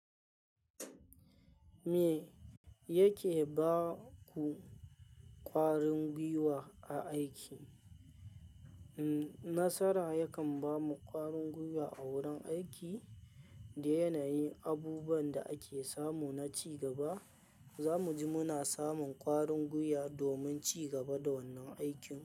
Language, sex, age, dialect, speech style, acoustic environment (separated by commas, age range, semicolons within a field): Hausa, male, 25-34, Nigeria - Kano, happy; anxious, people talking; nature